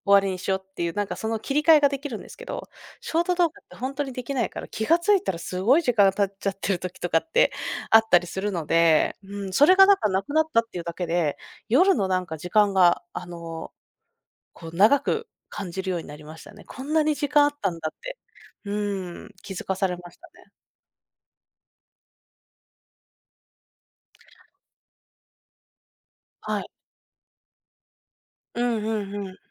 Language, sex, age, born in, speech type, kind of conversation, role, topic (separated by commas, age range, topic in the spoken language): Japanese, female, 30-34, Japan, conversation, podcast, guest, デジタルデトックスはどうやって始めればいいですか？